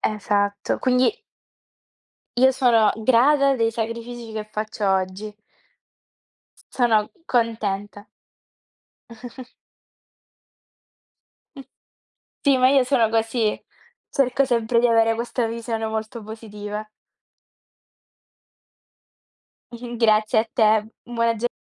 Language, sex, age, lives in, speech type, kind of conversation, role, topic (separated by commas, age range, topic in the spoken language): Italian, female, 20-24, Italy, conversation, unstructured, none, Pensi che la società imponga troppe aspettative?